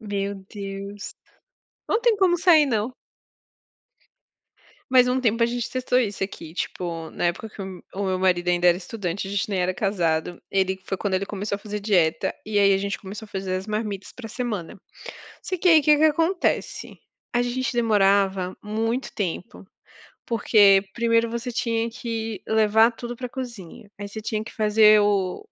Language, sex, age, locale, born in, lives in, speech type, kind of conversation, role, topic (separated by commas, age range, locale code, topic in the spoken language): Portuguese, female, 30-34, pt-BR, Brazil, France, conversation, unstructured, none, Como você escolhe o que comer no dia a dia?